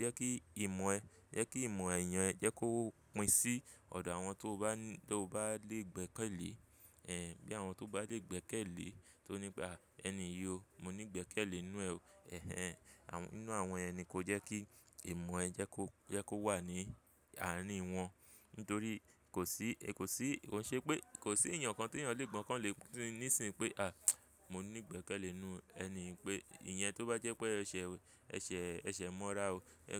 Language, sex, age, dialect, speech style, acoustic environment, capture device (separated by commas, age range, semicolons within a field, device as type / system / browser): Yoruba, male, 25-34, Nigeria - Lagos Yoruba, focused, construction; people talking, mobile / Linux / Chrome